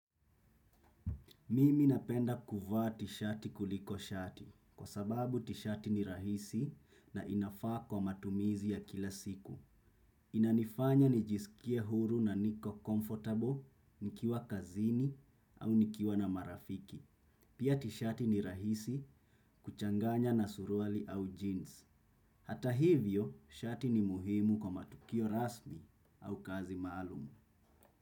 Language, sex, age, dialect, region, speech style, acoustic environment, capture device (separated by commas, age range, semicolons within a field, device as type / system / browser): Swahili, male, 25-34, Kenya - Mombasa, Kenya, focused; relaxed, silence, desktop / Windows / Chrome